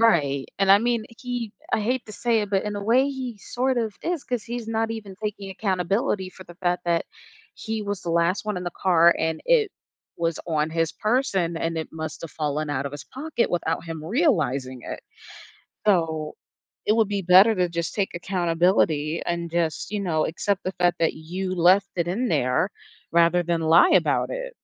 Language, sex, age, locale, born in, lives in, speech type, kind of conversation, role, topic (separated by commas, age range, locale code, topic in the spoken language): English, female, 25-29, en-US, United States, United States, conversation, advice, advisor, How should I confront someone I trust who I think lied?